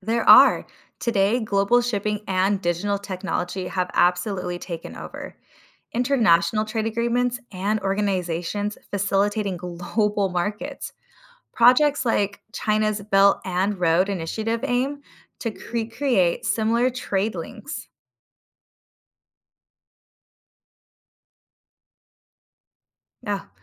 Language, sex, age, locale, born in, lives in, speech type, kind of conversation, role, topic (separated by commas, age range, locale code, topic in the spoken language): English, female, 30-34, en-US, United States, United States, read, expert-assistant, expert, Explain how the Silk Road shaped trade and culture.